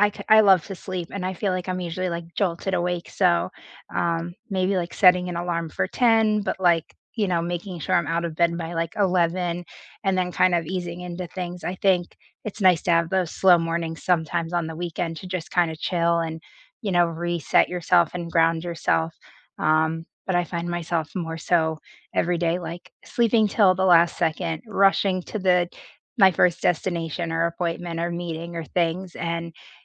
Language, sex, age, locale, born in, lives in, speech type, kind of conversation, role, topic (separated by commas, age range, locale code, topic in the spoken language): English, female, 25-29, en-US, United States, United States, conversation, unstructured, none, What does your ideal slow morning look like?